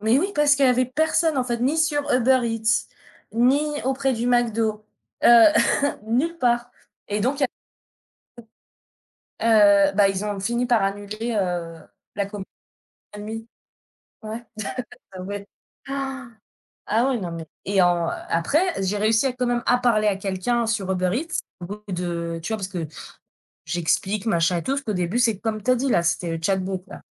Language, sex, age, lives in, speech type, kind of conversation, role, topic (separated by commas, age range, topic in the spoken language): French, female, 35-39, France, conversation, unstructured, none, Quelle invention historique a le plus changé notre quotidien ?